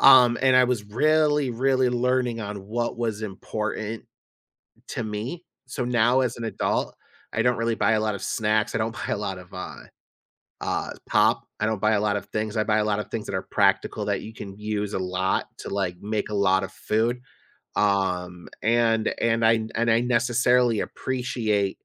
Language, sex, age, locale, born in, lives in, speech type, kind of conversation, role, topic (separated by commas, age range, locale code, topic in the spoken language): English, male, 35-39, en-US, United States, United States, conversation, podcast, guest, How can learning from mistakes shape your personal growth?